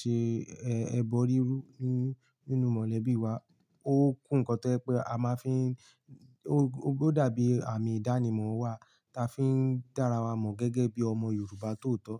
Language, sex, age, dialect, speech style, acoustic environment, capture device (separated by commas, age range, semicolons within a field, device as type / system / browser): Yoruba, male, 18-24, Nigeria - Ibadan, relaxed, nature, mobile / Linux / Chrome